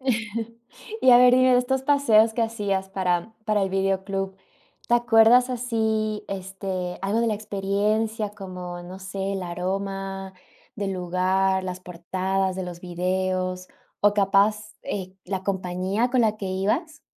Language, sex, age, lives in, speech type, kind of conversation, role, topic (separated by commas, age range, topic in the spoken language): Spanish, female, 30-34, United States, conversation, podcast, host, ¿Recuerdas la emoción de alquilar una película en el videoclub?